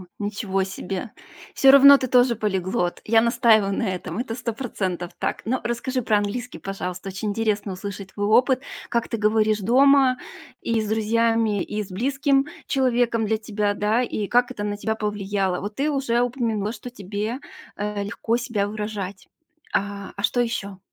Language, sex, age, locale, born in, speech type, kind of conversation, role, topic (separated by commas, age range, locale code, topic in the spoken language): Russian, female, 40-44, ru-RU, Russia, conversation, podcast, host, Как язык, на котором вы говорите дома, повлиял на вас?